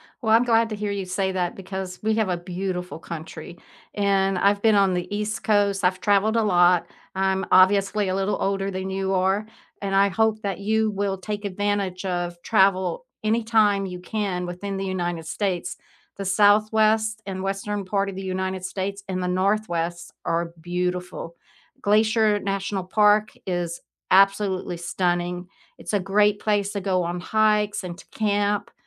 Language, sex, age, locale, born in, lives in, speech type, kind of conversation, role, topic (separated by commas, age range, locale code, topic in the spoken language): English, female, 70-74, en-US, United States, United States, conversation, unstructured, none, Which tourist traps have surprised you by actually being worth it?